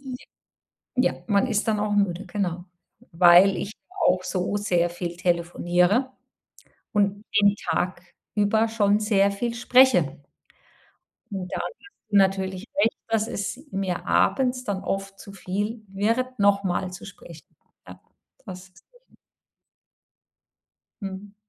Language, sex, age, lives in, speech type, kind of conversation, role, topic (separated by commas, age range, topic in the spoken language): German, female, 55-59, Germany, conversation, advice, user, Wie kann ich langfristige Freundschaften trotz eines vollen Zeitplans pflegen?